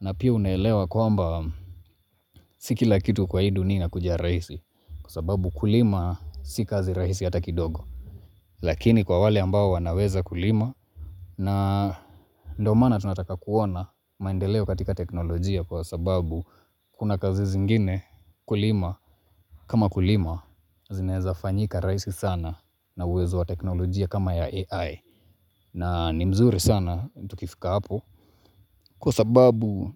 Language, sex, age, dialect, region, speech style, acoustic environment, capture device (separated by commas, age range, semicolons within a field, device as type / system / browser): Swahili, male, 25-34, Kenya - Mombasa, Kenya, happy; focused; relaxed, nature, mobile / Linux / Chrome